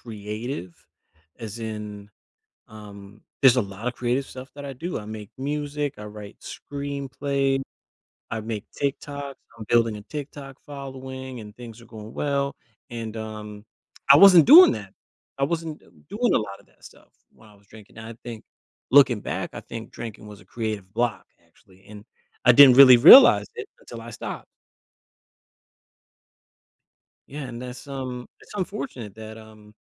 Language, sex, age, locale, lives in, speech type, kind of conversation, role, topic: English, male, 35-39, en-US, United States, conversation, advice, user, How can I keep feeling awe after achieving something?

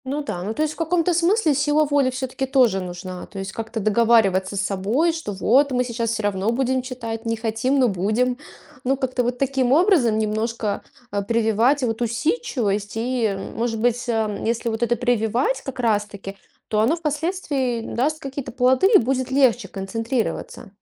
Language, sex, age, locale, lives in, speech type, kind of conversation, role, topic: Russian, female, 35-39, ru-RU, Estonia, conversation, advice, user, Как вы ощущаете усталость и выгорание после длительных периодов концентрации?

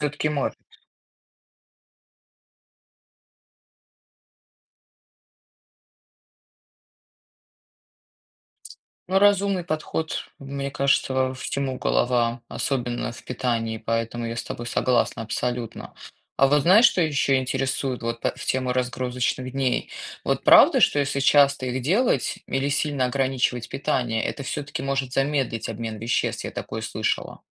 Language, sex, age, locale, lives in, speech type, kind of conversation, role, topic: Russian, female, 35-39, ru-RU, United States, read, expert-assistant, asker, Как работает пищеварительная система человека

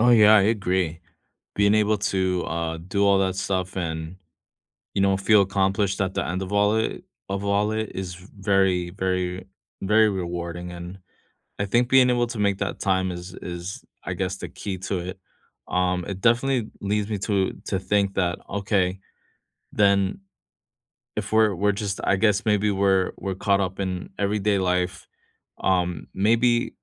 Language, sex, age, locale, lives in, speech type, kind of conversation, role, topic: English, male, 35-39, en-US, United States, conversation, unstructured, none, Which childhood hobbies would you revive today, and why?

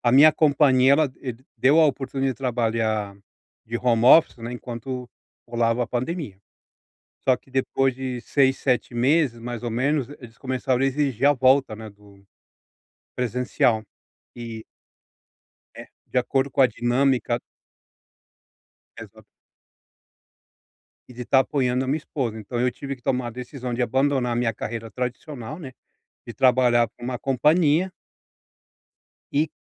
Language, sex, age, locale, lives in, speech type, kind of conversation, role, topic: Portuguese, male, 40-44, pt-BR, United States, conversation, advice, user, Como posso manter a motivação quando não vejo progresso?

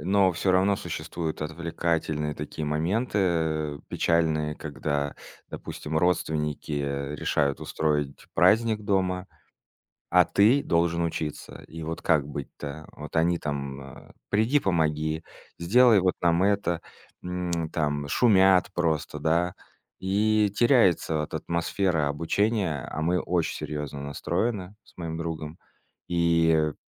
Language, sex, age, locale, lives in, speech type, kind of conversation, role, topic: Russian, male, 30-34, ru-RU, Estonia, conversation, podcast, guest, Чем для вас отличается учёба с друзьями от самостоятельного изучения?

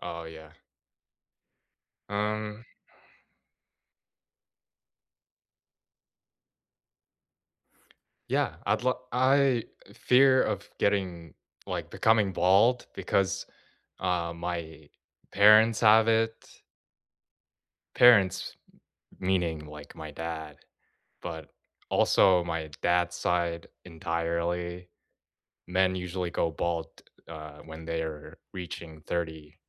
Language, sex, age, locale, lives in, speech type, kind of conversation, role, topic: English, male, 20-24, en-US, United States, conversation, unstructured, none, What have recent changes, missteps, and small wins taught you about yourself and your connections?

